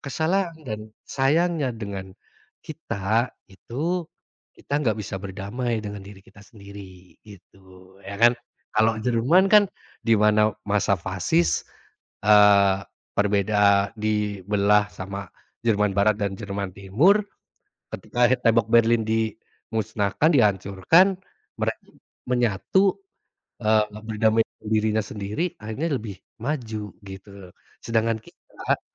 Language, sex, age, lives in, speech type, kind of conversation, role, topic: Indonesian, male, 30-34, Indonesia, conversation, unstructured, none, Seberapa besar pengaruh propaganda dalam membentuk sejarah menurutmu?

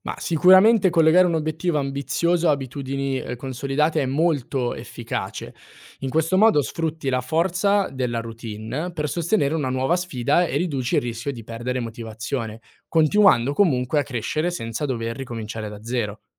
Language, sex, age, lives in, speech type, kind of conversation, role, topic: Italian, male, 18-19, Italy, read, expert-assistant, expert, Conviene puntare su piccoli cambiamenti quotidiani o su grandi sfide per migliorarsi nella vita?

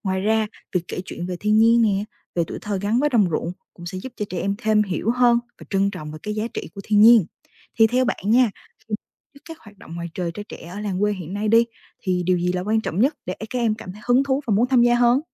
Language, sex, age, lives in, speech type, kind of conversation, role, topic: Vietnamese, female, 20-24, Vietnam, read, expert-assistant, expert, Nếu trâu biến mất ở làng quê Việt Nam, cuộc sống và văn hóa sẽ thay đổi như thế nào?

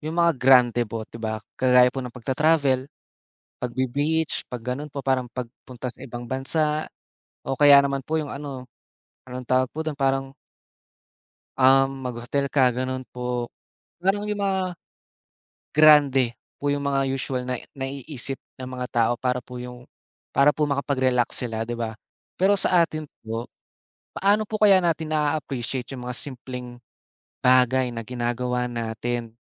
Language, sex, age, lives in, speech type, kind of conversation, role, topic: Filipino, male, 20-24, Philippines, conversation, unstructured, none, Anong simpleng gawain ang nagpapasaya sa iyo araw-araw?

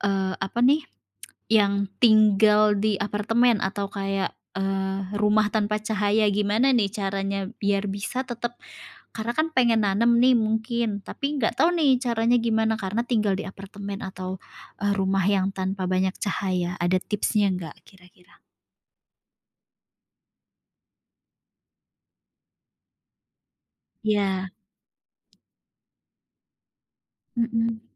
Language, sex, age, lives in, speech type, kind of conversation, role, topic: Indonesian, female, 30-34, Indonesia, conversation, podcast, host, Bagaimana pengalamanmu merawat tanaman di rumah?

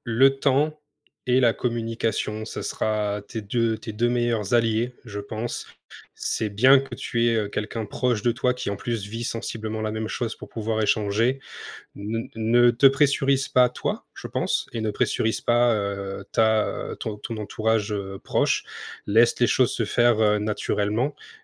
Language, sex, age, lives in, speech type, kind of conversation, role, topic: French, male, 25-29, France, conversation, advice, advisor, Comment parler aux autres du malaise que je ressens quand je me sens exclu(e) lors de fêtes et de célébrations ?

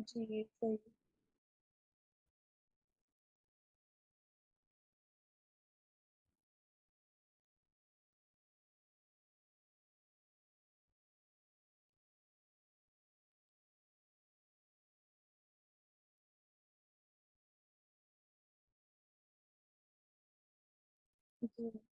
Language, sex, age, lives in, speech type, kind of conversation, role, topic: Hindi, female, 20-24, India, conversation, unstructured, none, क्या आपको कभी ऐसा खाना मिला है जिसने आपकी सोच बदल दी हो?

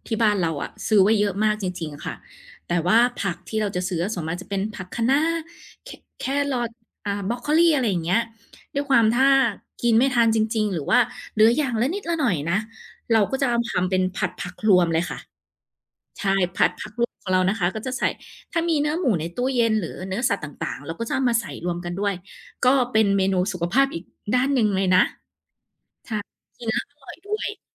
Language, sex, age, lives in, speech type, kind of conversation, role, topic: Thai, female, 30-34, Thailand, conversation, podcast, guest, คุณมีวิธีคิดเมนูจากของเหลือในตู้เย็นอย่างไรบ้าง?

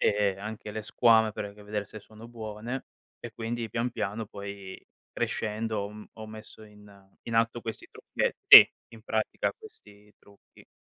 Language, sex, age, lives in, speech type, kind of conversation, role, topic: Italian, male, 25-29, Italy, conversation, podcast, guest, Come scegli gli ingredienti freschi al mercato o al supermercato?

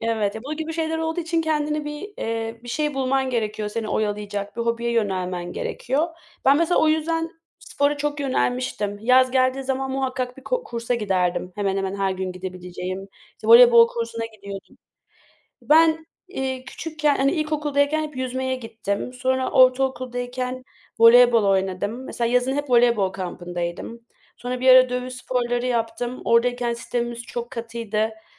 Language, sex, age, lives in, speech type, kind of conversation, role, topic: Turkish, female, 25-29, Germany, conversation, unstructured, none, Teknoloji olmadan bir gününü nasıl geçirirdin?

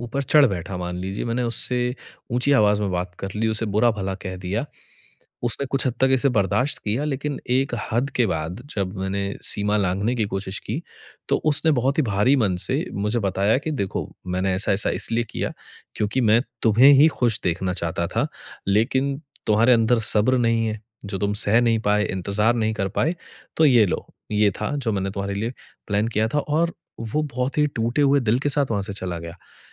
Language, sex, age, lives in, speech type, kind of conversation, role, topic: Hindi, male, 30-34, India, conversation, advice, user, ब्रेकअप के बाद मैं दुःख, क्रोध और पछतावे को कैसे संभालूँ?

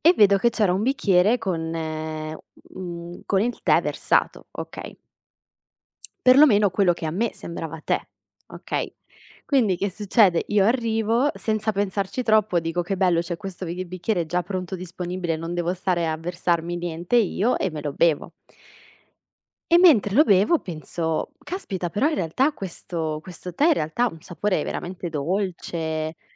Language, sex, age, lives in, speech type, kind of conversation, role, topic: Italian, female, 25-29, Italy, conversation, podcast, guest, Qual è il ricordo più caro della tua infanzia?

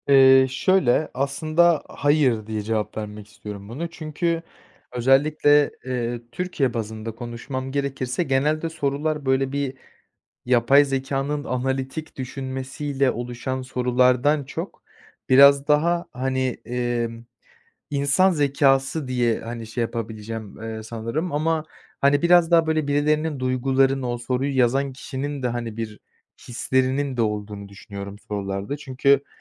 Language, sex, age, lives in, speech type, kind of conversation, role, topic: Turkish, male, 20-24, Poland, conversation, podcast, guest, Teknoloji öğrenmeyi nasıl kolaylaştırır?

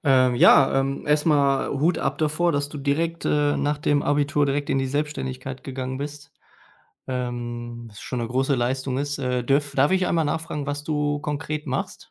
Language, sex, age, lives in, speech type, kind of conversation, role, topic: German, male, 30-34, Germany, conversation, advice, advisor, Wie kann ich Zeit für meine wichtigsten Aufgaben blockieren, ohne abgelenkt zu werden?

